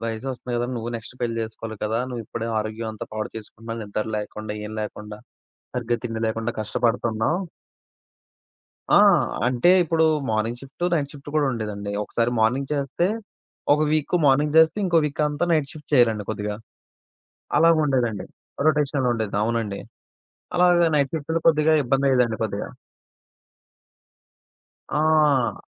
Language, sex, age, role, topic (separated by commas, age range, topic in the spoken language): Telugu, male, 20-24, guest, కుటుంబ కారణాల వల్ల ఉద్యోగం మార్చిన అనుభవం ఎలా ఉంది?